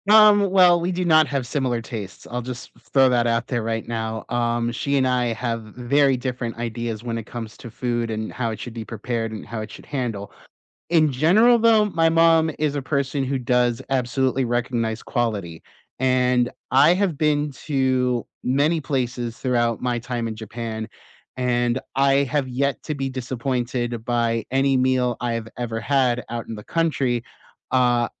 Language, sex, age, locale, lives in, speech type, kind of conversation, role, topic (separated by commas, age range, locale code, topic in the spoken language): English, male, 35-39, en-US, United States, conversation, unstructured, none, When traveling with people who prefer different paces, how do you keep everyone happy?